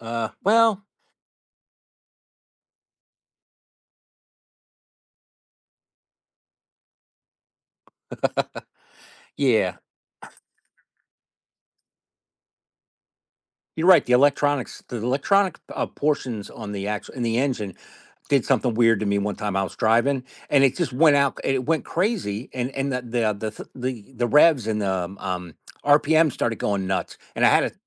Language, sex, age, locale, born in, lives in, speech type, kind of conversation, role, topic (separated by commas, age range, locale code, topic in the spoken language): English, male, 50-54, en-US, United States, United States, conversation, podcast, host, In what ways can experiencing new places and cultures shape the way you view the world?